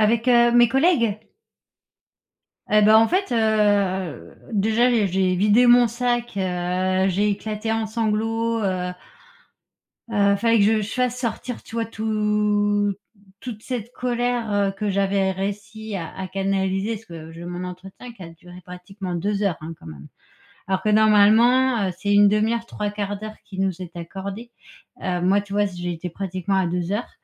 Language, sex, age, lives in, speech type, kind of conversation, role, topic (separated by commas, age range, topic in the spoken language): French, female, 40-44, France, conversation, advice, user, Comment répondre à des remarques critiques lors d'un entretien d'évaluation ?